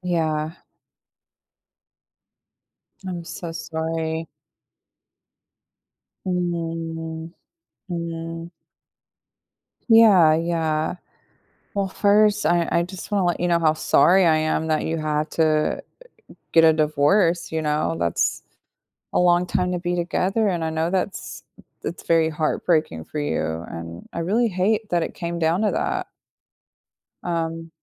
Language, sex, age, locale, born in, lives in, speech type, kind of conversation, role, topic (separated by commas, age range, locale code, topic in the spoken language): English, female, 35-39, en-US, United States, United States, conversation, advice, advisor, How do I cope and decide whether to reconnect after a sudden breakup from a long relationship?